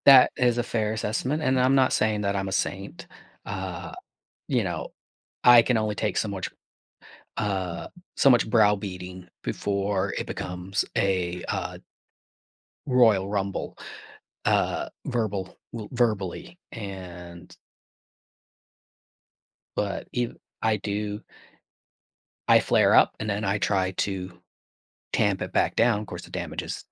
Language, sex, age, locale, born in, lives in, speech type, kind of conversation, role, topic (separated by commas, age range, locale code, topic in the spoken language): English, male, 45-49, en-US, United States, United States, conversation, advice, user, How can I communicate more openly and avoid misunderstandings with my partner?